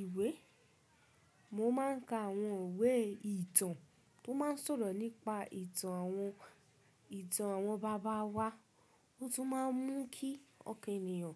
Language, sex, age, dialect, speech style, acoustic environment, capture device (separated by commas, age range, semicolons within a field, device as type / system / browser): Yoruba, female, 25-34, Nigeria - Ibadan, relaxed, nature, mobile / Linux / Chrome